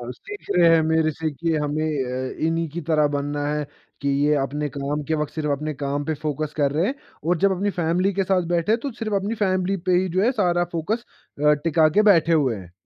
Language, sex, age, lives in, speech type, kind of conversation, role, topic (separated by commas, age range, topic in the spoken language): Hindi, male, 20-24, India, conversation, podcast, guest, Aap phone aur family time ke beech boundaries kaise set karte hain?